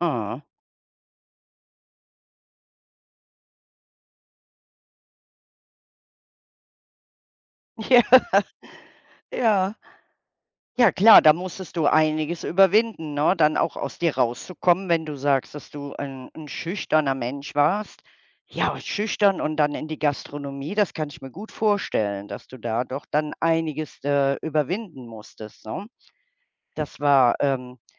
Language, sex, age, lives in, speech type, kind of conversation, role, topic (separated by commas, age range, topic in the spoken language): German, female, 60-64, Germany, conversation, unstructured, none, Was war dein stolzester Moment im Beruf?